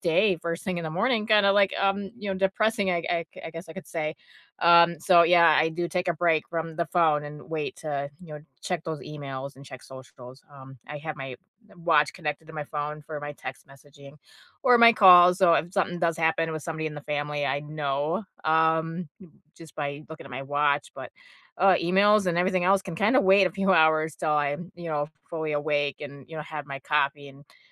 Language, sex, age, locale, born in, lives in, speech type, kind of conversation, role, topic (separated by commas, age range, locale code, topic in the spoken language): English, female, 35-39, en-US, United States, United States, conversation, unstructured, none, What small rituals make your mornings better?